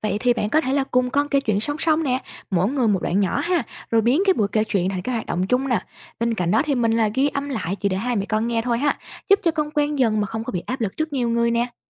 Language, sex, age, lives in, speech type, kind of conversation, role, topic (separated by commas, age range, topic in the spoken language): Vietnamese, female, 25-29, Vietnam, read, expert-assistant, expert, So sánh việc học tiếng mẹ đẻ qua giao tiếp hàng ngày và qua sách vở: Cách nào giúp ghi nhớ và sử dụng ngôn ngữ tốt hơn?